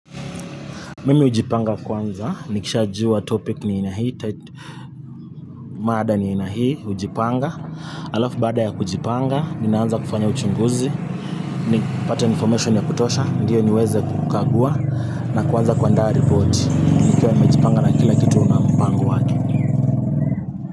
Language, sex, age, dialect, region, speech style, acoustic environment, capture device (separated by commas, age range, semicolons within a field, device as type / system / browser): Swahili, male, 25-34, Kenya - Nairobi Sheng-influenced, Kenya, focused, traffic, mobile / Linux / Chrome